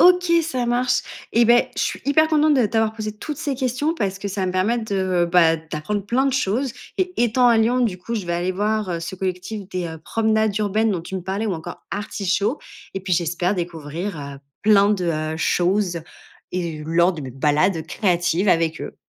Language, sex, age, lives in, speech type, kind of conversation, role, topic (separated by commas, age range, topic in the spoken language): French, female, 30-34, France, read, expert-assistant, asker, Existe-t-il des lieux insolites ou peu connus où l’on peut apprendre sur l’art et son histoire ?